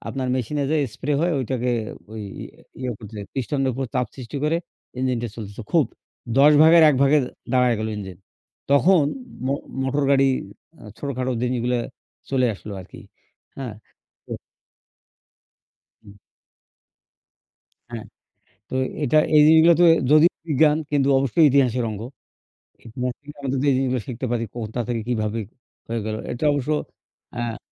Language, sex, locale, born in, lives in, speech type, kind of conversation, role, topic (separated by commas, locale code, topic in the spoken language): Bengali, male, bn-BD, Bangladesh, Bangladesh, conversation, unstructured, none, আপনি ইতিহাস থেকে কীভাবে আনন্দ পান?